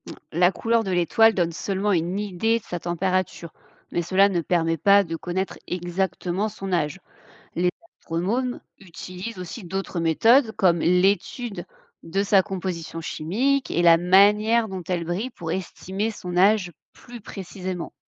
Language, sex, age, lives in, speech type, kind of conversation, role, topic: French, female, 30-34, France, read, expert-assistant, expert, Pourquoi les étoiles brillent-elles la nuit ?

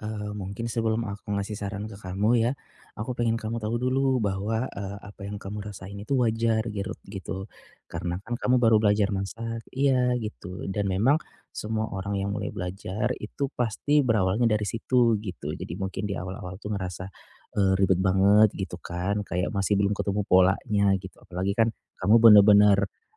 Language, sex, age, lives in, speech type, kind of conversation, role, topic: Indonesian, male, 35-39, Indonesia, conversation, advice, advisor, Bagaimana cara memasak makanan yang cepat dan tetap sehat setiap hari?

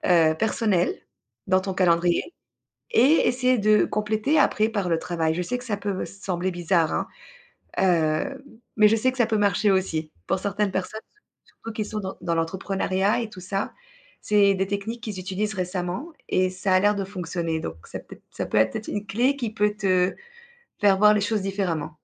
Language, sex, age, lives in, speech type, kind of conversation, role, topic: French, female, 35-39, Spain, conversation, advice, advisor, Comment puis-je mieux équilibrer mes pauses et mes périodes de travail intensif ?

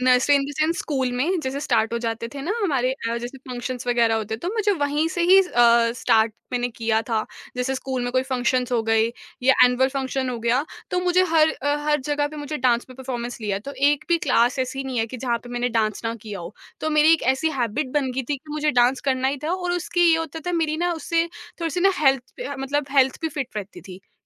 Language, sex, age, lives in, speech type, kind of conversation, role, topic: Hindi, female, 20-24, India, conversation, podcast, guest, Agar tumhein roz ek extra ghanta mile, tum uska use kaise karoge?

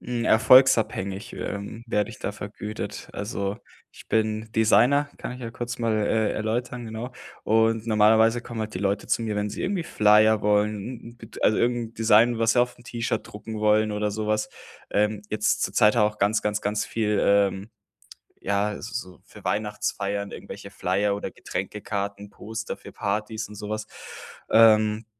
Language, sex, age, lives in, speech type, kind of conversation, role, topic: German, male, 25-29, Germany, conversation, advice, user, Wie kann ich am Wochenende meinen Tagesrhythmus sinnvoll gestalten?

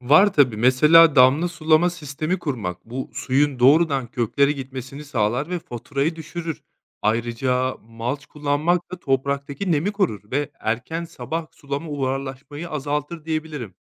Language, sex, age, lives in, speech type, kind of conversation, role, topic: Turkish, male, 30-34, Spain, read, expert-assistant, expert, Bahçemde sürdürülebilir yöntemlerle daha az su kullanarak nasıl verimli sebze yetiştirebilirim?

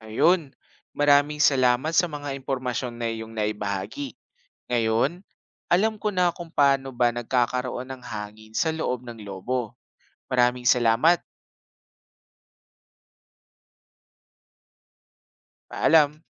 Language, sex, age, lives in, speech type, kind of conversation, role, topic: Filipino, male, 25-29, Philippines, read, expert-assistant, asker, Ano ang sanhi ng pagkakaroon ng hangin sa loob ng lobo?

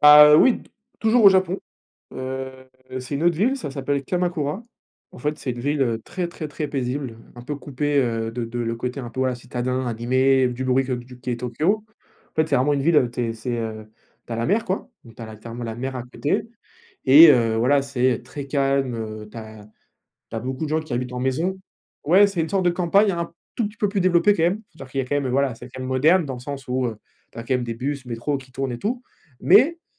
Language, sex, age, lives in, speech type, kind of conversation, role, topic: French, male, 20-24, France, conversation, unstructured, none, Quelle est ta plus belle découverte en voyage ?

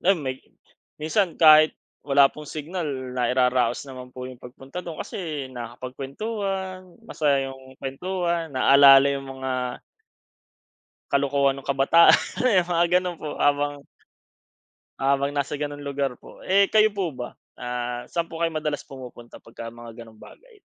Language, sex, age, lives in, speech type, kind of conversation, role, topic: Filipino, male, 25-29, Philippines, conversation, unstructured, none, Ano ang mga simpleng bagay sa kalikasan na nagpapasaya sa’yo?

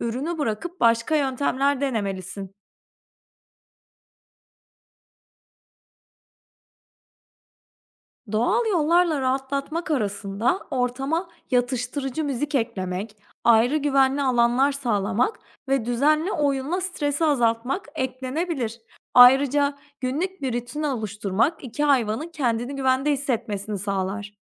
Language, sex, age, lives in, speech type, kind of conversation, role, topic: Turkish, female, 30-34, Portugal, read, expert-assistant, expert, Yeni bir evcil hayvan aileye katıldığında, mevcut hayvanlarla yaşanan uyum sıkıntılarını nasıl çözebilirim?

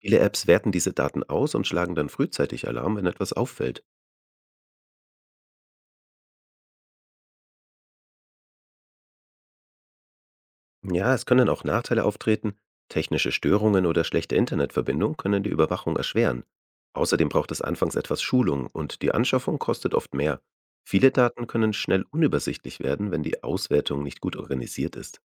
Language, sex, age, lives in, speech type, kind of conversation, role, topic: German, male, 35-39, Germany, read, expert-assistant, expert, Wie kann moderne Technik die tägliche Arbeit auf dem Bauernhof erleichtern?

